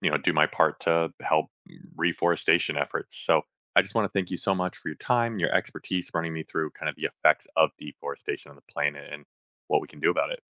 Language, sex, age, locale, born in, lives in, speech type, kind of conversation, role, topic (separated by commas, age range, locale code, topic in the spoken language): English, male, 35-39, en-US, United States, United States, read, expert-assistant, asker, What are the effects of deforestation on the planet?